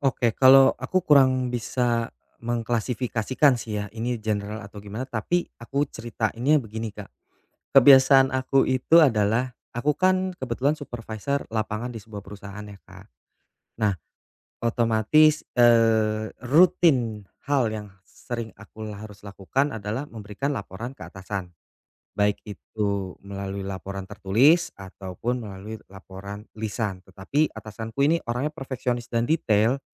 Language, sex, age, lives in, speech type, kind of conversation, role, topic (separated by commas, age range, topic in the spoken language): Indonesian, male, 35-39, Indonesia, conversation, advice, user, Bagaimana cara berhenti menunda tugas setiap hari?